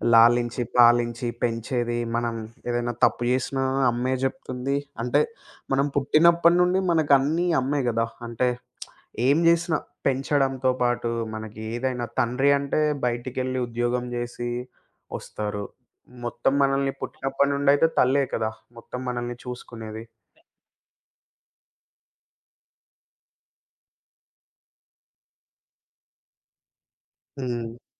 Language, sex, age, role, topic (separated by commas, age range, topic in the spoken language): Telugu, male, 25-29, guest, మీ ఇంట్లో ప్రేమను ఎలా చూపించేవారు?